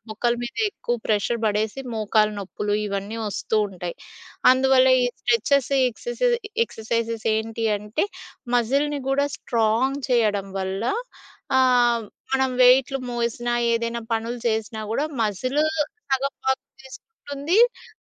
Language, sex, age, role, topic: Telugu, female, 30-34, guest, రాత్రి పడుకునే ముందు మీరు తప్పకుండా చేసే పనులు ఏమేమి?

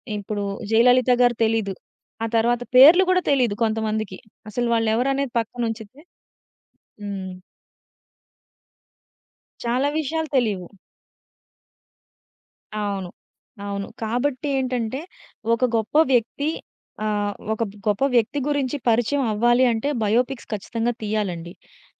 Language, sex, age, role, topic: Telugu, female, 30-34, guest, బయోపిక్‌లలో నిజతనం, వినోదం మధ్య సమతౌల్యం ఎలా సాధిస్తారు?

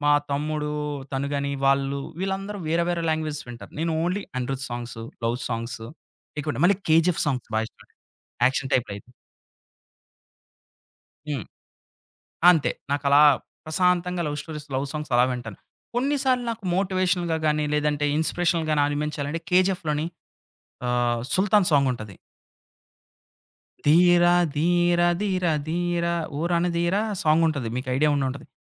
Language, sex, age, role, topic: Telugu, male, 30-34, guest, స్నేహితుల ప్రభావం వల్ల మీ సంగీత అభిరుచి ఎలా మారిందని మీరు భావిస్తారు?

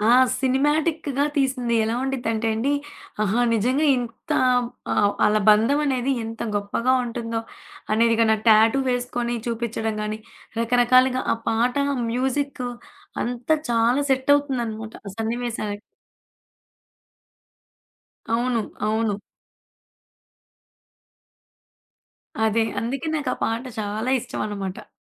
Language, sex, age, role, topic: Telugu, female, 20-24, guest, సంగీతం మీ ఏకాగ్రతను పెంచుతుందా, లేక అడ్డుకుంటుందా?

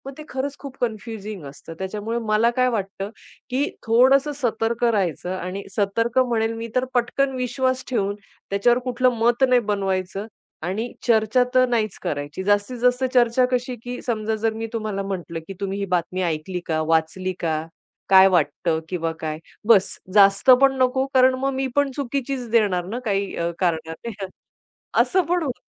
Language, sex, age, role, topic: Marathi, female, 40-44, guest, सोशल मीडियावर लोकांचा विश्वास तुम्ही कसा टिकवता?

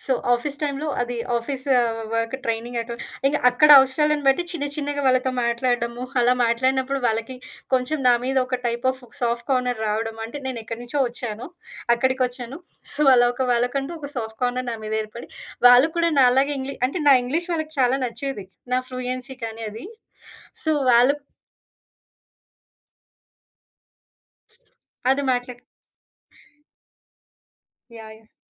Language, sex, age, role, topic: Telugu, female, 30-34, guest, మీరు వేరే నగరంలో ఒంటరిగా ఉన్నప్పుడు మీకు సహాయం చేసిన అజ్ఞాత వ్యక్తులు ఎవరైనా ఉన్నారా?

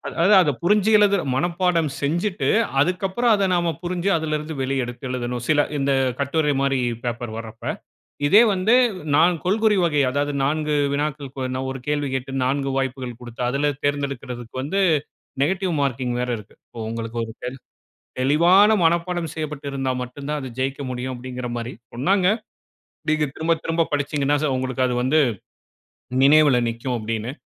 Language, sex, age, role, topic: Tamil, male, 35-39, guest, தோல்வி வெற்றியின் ஒரு பகுதியாகும் என்று நீங்கள் நினைக்கிறீர்களா?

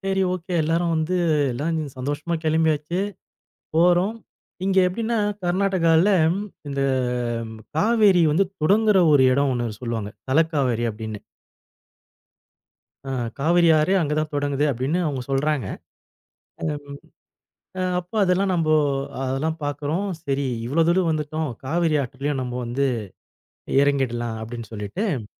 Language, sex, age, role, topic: Tamil, male, 40-44, guest, இயற்கை உங்களுக்கு ஒரு வாழ்க்கைப் பாடத்தை உணர்த்திய அனுபவத்தைப் பகிர முடியுமா?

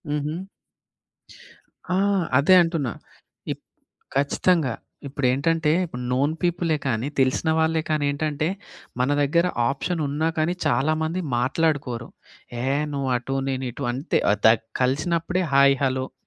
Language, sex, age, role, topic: Telugu, male, 25-29, guest, ఆన్‌లైన్‌లో ఏర్పడిన పరిచయాలను నిజజీవితంలో బలమైన బంధాలుగా ఎలా మార్చుకోవాలి?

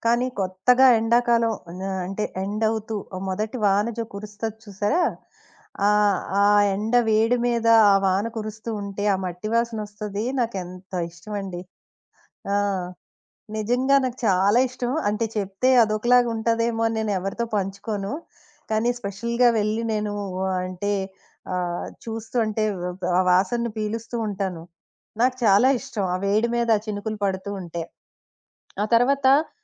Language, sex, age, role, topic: Telugu, female, 20-24, guest, వర్షం పడేటప్పుడు మీకు ఏం చేయడం ఇష్టంగా ఉంటుంది?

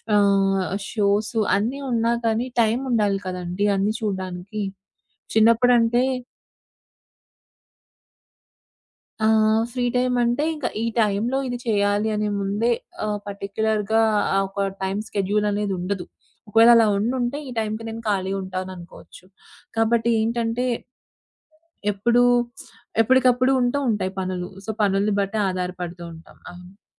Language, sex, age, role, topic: Telugu, female, 25-29, guest, స్ట్రీమింగ్ వల్ల టీవీ అలవాట్లు ఎలా మారాయో చెప్పగలరా?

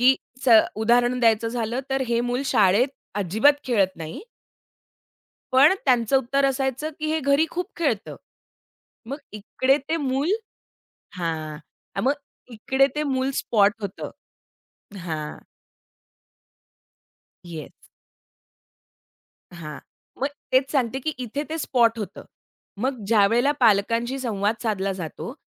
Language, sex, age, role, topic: Marathi, female, 30-34, guest, शाळेतल्या मुलांना एकटेपणा टाळण्यासाठी आपण काय सुचवाल?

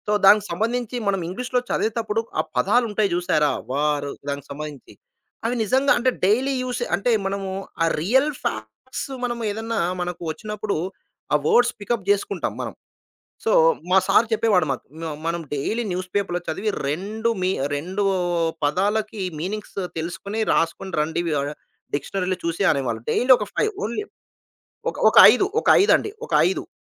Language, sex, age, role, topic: Telugu, male, 35-39, guest, మీకు సరిపోయే హాబీని మీరు ఎలా ఎంచుకుంటారు?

